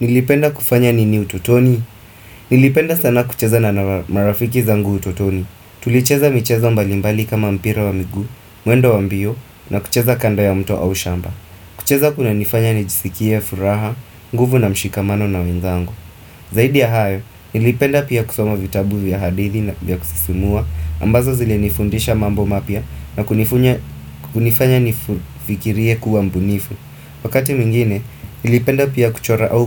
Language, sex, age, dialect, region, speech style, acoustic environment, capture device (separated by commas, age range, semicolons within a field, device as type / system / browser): Swahili, male, 25-34, Kenya - Mombasa, Kenya, focused, appliances; traffic, desktop / Windows / Firefox